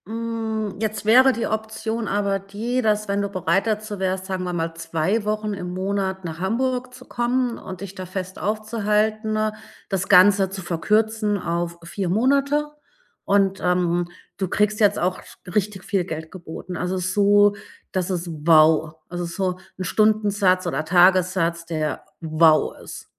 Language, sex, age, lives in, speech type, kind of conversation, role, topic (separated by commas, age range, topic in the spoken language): German, female, 40-44, Germany, conversation, podcast, host, Arbeitest du lieber allein oder im Team, wenn es um kreative Aufgaben geht?